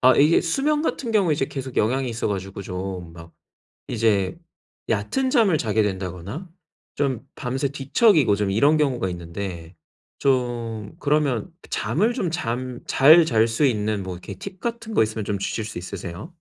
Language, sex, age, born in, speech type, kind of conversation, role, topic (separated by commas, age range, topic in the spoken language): Korean, male, 30-34, South Korea, conversation, advice, user, 미지의 미래에 대한 불안은 어떻게 관리하면 좋을까요?